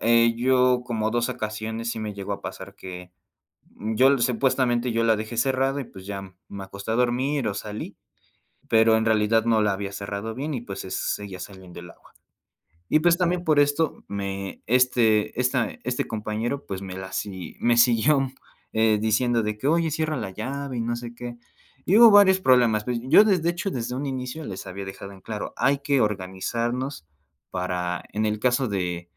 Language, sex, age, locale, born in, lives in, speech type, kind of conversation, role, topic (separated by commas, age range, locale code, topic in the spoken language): Spanish, male, 20-24, es-MX, Mexico, Mexico, conversation, podcast, guest, ¿Cómo se reparten las responsabilidades con la persona con la que vives?